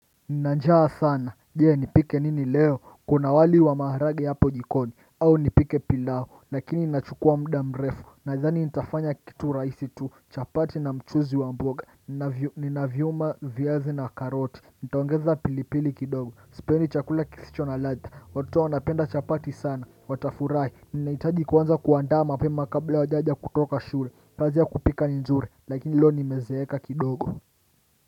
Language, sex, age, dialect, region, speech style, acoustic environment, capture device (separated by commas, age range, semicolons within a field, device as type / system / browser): Swahili, male, 25-34, Kenya - Mombasa, Kenya, happy; excited; focused, nature, desktop / Windows / Chrome